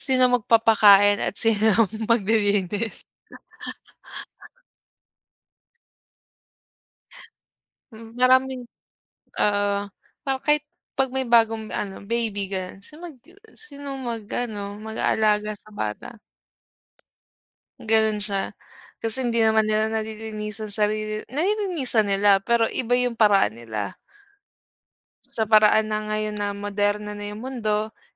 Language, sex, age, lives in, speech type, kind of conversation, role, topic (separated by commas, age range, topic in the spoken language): Filipino, female, 25-29, Philippines, conversation, unstructured, none, Ano ang mga benepisyo ng pagkakaroon ng alagang hayop sa isang pamilya?